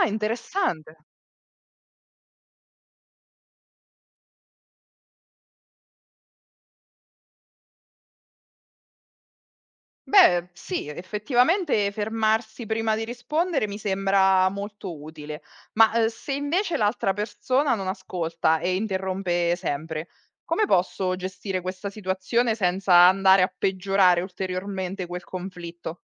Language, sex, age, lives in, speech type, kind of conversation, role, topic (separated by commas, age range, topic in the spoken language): Italian, female, 35-39, Italy, read, expert-assistant, asker, In che modo ascoltare davvero l’altro può cambiare il modo in cui discutiamo e risolviamo i conflitti?